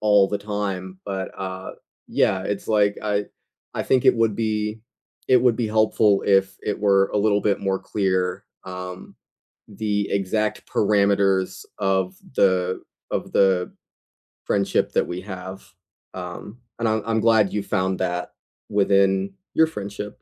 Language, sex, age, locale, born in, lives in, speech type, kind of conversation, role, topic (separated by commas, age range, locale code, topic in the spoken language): English, other, 20-24, en-US, United States, United States, conversation, unstructured, none, Is jealousy ever a good thing in love?